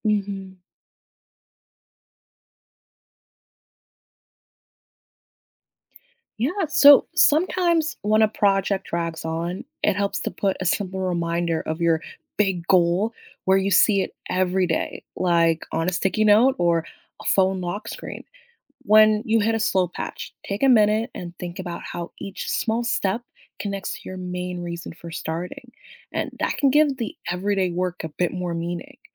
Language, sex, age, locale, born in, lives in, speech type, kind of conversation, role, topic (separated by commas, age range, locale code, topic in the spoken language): English, female, 25-29, en-US, United States, United States, read, expert-assistant, expert, What are some ways to celebrate small achievements?